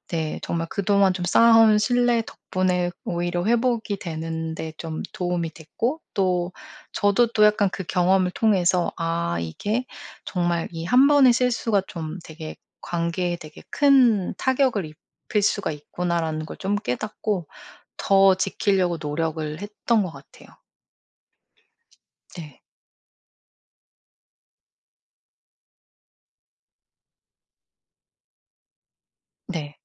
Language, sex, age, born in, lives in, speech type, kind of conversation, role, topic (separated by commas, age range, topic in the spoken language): Korean, female, 40-44, South Korea, United States, conversation, podcast, guest, 신뢰는 어떻게 쌓일까요?